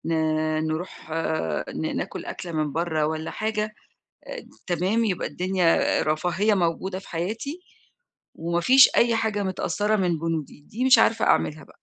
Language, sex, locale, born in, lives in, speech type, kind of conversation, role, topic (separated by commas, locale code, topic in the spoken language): Arabic, female, ar-EG, Egypt, Egypt, conversation, advice, user, إزاي أعمل ميزانية لأول مرة؟